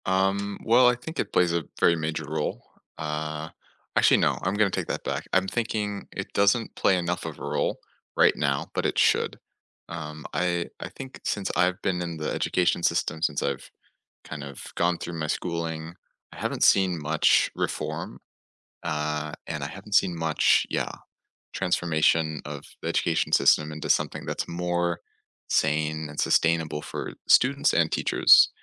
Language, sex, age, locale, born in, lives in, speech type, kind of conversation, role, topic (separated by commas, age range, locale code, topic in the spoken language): English, male, 20-24, en-US, United States, United States, conversation, unstructured, none, What role does innovation play in education today?